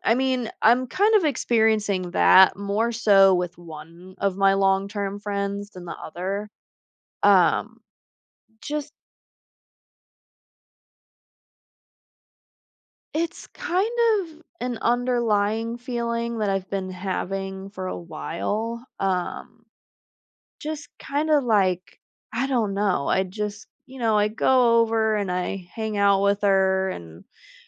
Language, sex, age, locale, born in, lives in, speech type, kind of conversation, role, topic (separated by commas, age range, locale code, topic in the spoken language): English, female, 25-29, en-US, United States, United States, conversation, advice, user, How can I reconnect with my close friends?